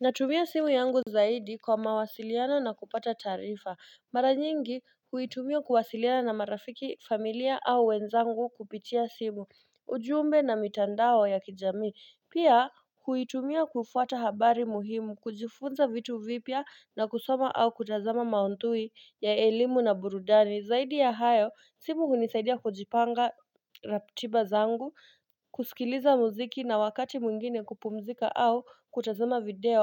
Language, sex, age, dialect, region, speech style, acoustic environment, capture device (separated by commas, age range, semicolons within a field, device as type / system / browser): Swahili, female, 25-34, Kenya - Nairobi Sheng-influenced, Kenya, relaxed; excited; focused, nature, mobile / Linux / Chrome